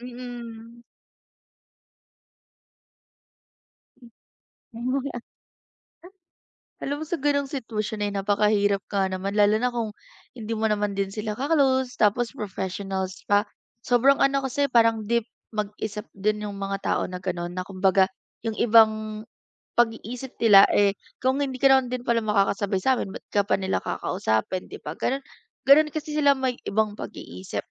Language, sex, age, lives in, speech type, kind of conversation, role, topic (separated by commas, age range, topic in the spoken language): Filipino, female, 20-24, Philippines, conversation, advice, advisor, Paano ako magiging komportable kapag kasama ko ang grupo ng mga kaibigan ko?